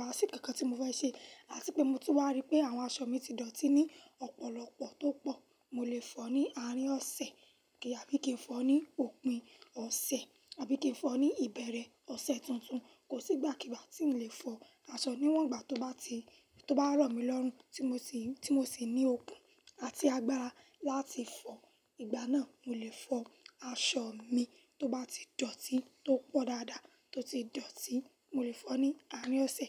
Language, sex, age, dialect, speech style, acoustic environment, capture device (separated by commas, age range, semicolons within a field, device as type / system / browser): Yoruba, female, 18-24, Nigeria - Lagos Yoruba, happy; anxious, silence, mobile / Linux / Chrome